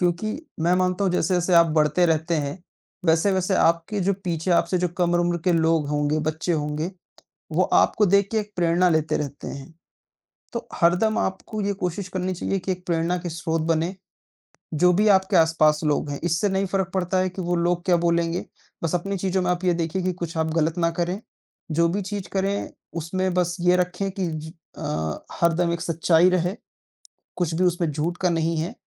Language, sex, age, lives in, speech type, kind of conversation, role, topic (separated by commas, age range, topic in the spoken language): Hindi, male, 20-24, India, conversation, unstructured, none, आपको अपने काम में सबसे ज़्यादा क्या पसंद है?